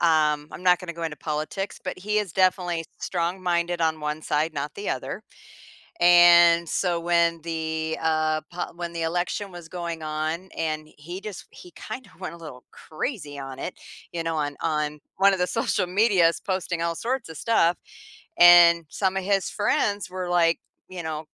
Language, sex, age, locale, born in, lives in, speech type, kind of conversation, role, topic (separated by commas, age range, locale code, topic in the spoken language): English, female, 55-59, en-US, United States, United States, conversation, podcast, guest, How has social media changed the way we build and maintain friendships?